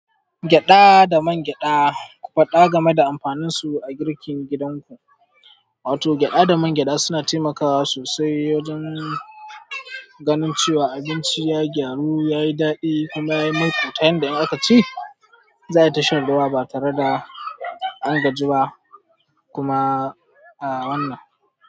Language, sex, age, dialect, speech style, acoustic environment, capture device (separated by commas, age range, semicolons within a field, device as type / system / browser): Hausa, male, 18-24, Nigeria - Katsina, happy, people talking, mobile / Android / Chrome